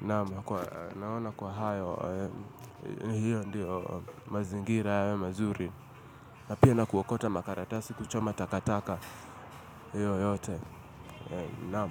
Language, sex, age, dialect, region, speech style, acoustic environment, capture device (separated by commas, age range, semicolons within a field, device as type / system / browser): Swahili, male, 25-34, Kenya - Nairobi Sheng-influenced, Kenya, relaxed, appliances, mobile / Linux / Chrome